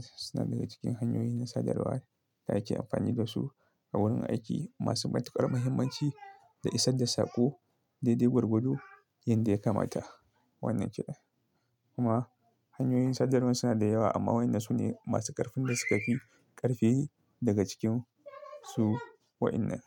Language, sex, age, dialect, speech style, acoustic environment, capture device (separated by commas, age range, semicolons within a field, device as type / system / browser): Hausa, male, 25-34, Nigeria - Kano, happy, silence, desktop / Linux / Chrome